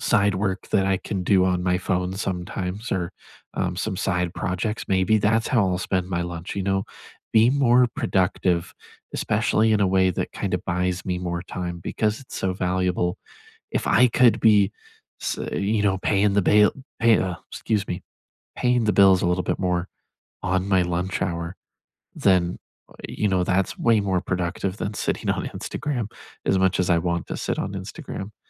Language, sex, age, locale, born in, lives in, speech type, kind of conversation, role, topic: English, male, 20-24, en-US, United States, United States, conversation, unstructured, none, If you could start fresh tomorrow, how would you set up your life to support your long-term priorities?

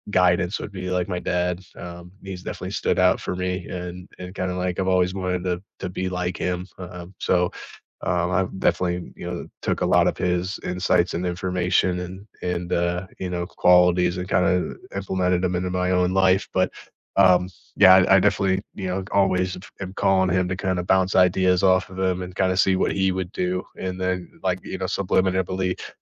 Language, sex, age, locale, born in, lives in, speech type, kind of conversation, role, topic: English, male, 30-34, en-US, United States, United States, conversation, unstructured, none, Who shaped your outlook on life, and how do you keep those connections alive today?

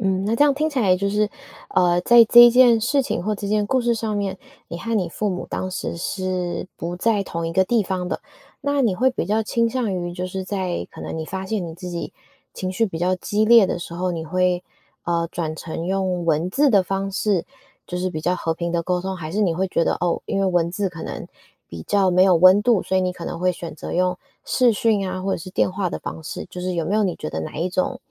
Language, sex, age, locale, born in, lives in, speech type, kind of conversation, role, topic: Chinese, female, 25-29, zh-TW, Taiwan, United States, conversation, podcast, host, 当你和长辈意见不合时，怎么才能既尊重对方又坚持自己的界限？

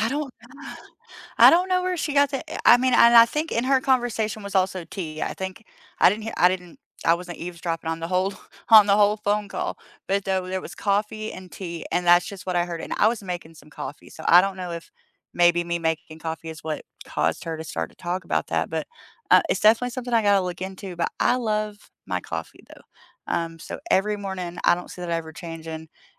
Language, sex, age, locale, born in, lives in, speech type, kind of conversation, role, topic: English, female, 30-34, en-US, United States, United States, conversation, unstructured, none, What would your ideal day look like a few years from now, and who and what would fill it?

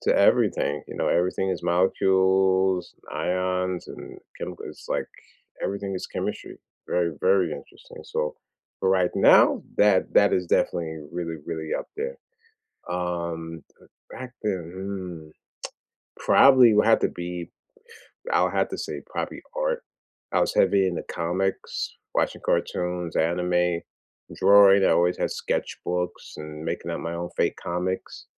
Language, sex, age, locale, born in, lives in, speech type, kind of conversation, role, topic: English, male, 40-44, en-US, United States, United States, conversation, unstructured, none, What was your favorite subject in school then, what is it now, and why?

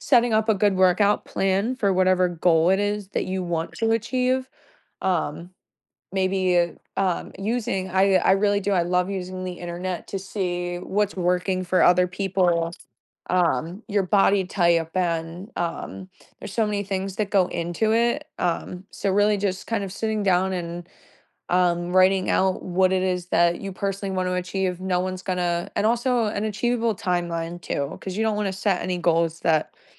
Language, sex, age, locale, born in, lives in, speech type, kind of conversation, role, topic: English, female, 25-29, en-US, United States, United States, conversation, podcast, guest, What are the key steps to building a safe and sustainable workout routine for beginners?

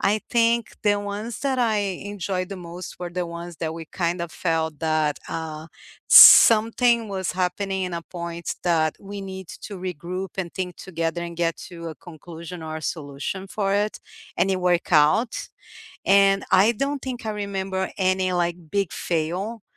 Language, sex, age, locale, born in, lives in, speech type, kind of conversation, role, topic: English, female, 45-49, en-BR, Brazil, United States, conversation, unstructured, none, What are your favorite group project successes, funny failures, and lessons learned?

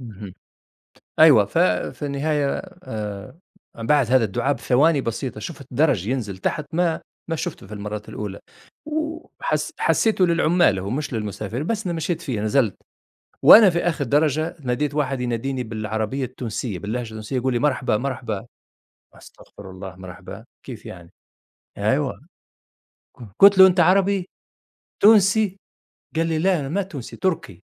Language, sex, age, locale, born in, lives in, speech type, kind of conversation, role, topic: Arabic, male, 50-54, ar-TN, Tunisia, United States, conversation, unstructured, none, إيه أصعب تجربة مريت بيها وإنت مسافر؟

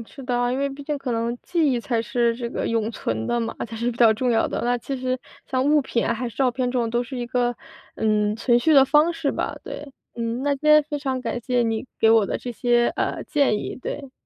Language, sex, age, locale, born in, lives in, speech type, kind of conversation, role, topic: Chinese, female, 25-29, zh-CN, China, United States, conversation, advice, user, 我该如何处理自己对旧物的情感依附？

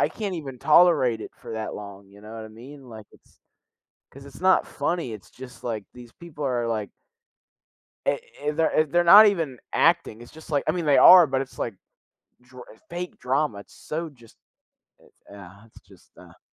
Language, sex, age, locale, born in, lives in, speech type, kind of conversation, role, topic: English, male, 18-19, en-US, United States, United States, conversation, unstructured, none, Why do you think reality TV has become such a big part of our culture?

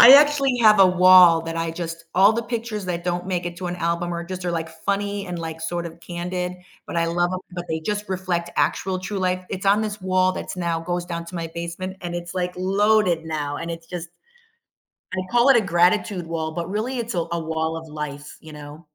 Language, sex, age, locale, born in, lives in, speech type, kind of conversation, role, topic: English, female, 50-54, en-US, United States, United States, conversation, podcast, host, How do people cope with grief and find support during difficult times?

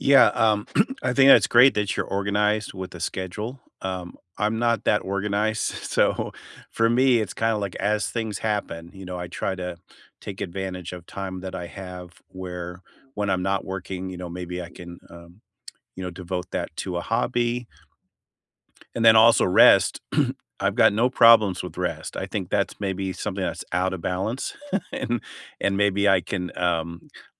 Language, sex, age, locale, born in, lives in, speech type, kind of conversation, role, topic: English, male, 60-64, en-US, United States, United States, conversation, unstructured, none, How do you balance homework, hobbies, and rest?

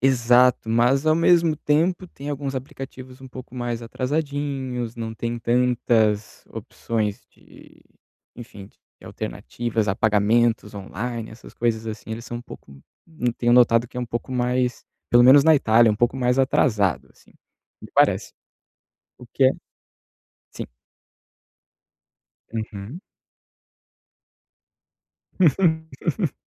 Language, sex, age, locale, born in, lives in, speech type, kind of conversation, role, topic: Portuguese, male, 30-34, pt-BR, Brazil, Italy, conversation, advice, user, Como posso abrir uma conta bancária em um novo país?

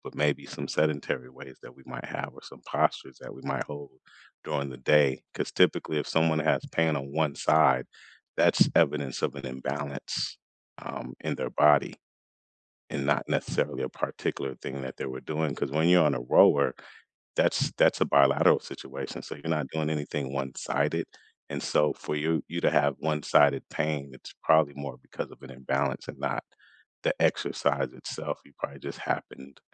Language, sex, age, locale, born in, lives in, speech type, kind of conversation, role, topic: English, male, 45-49, en-US, United States, United States, conversation, unstructured, none, What is the best way to start a workout routine?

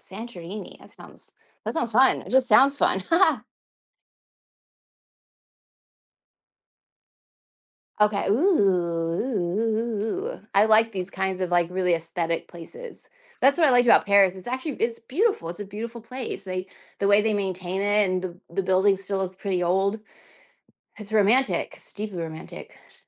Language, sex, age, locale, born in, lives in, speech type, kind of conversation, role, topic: English, female, 35-39, en-US, United States, United States, conversation, unstructured, none, What is your favorite place you have ever visited?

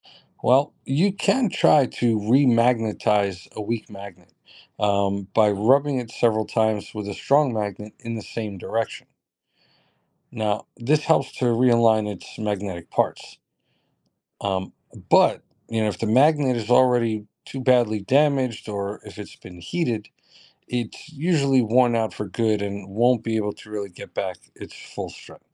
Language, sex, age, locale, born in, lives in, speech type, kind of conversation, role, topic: English, male, 40-44, en-US, United States, United States, read, expert-assistant, expert, What are the principles of magnetism and how do they apply to everyday life?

